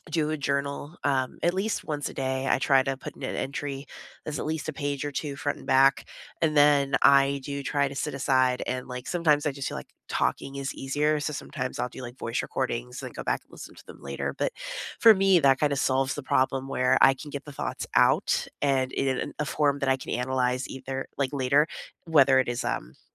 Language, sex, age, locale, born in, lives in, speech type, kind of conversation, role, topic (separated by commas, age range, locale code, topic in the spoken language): English, female, 35-39, en-US, United States, United States, conversation, unstructured, none, How do you recharge after a long week—by spending time with others or by being on your own?